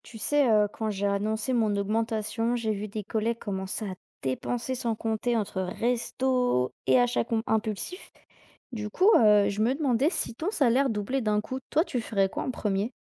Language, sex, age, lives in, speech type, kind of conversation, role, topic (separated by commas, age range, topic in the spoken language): French, female, 20-24, France, read, expert-assistant, asker, Et si tu gagnais soudainement deux fois plus d’argent, qu’est-ce que tu changerais dans ta façon de gérer ton budget ?